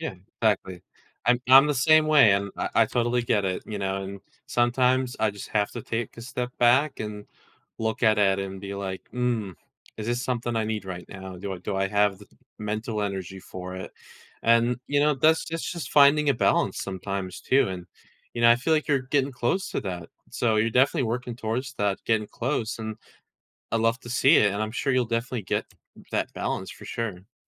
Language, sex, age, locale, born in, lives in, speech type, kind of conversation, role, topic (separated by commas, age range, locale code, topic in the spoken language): English, male, 20-24, en-US, United States, United States, conversation, advice, advisor, How can I balance school, hobbies, and family without feeling overwhelmed?